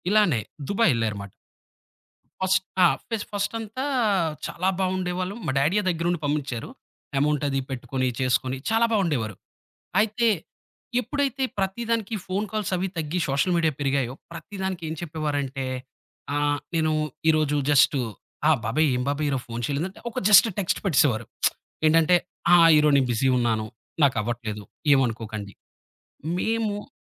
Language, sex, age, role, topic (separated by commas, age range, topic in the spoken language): Telugu, male, 30-34, guest, సోషల్‌మీడియా మీ సంబంధాలను ఎలా ప్రభావితం చేసింది?